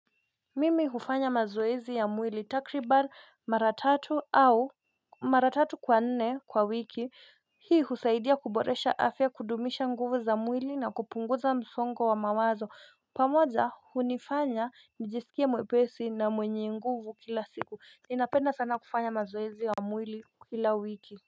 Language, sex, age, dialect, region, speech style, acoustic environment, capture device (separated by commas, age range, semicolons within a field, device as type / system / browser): Swahili, female, 25-34, Kenya - Nairobi Sheng-influenced, Kenya, focused, nature, mobile / Linux / Chrome